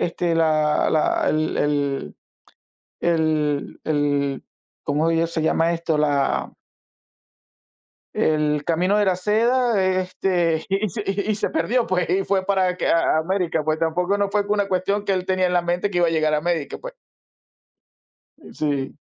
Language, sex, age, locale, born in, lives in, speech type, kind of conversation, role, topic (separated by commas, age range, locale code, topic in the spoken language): Spanish, male, 45-49, es-VE, Venezuela, United States, conversation, unstructured, none, ¿Crees que el colonialismo histórico todavía afecta a las sociedades actuales?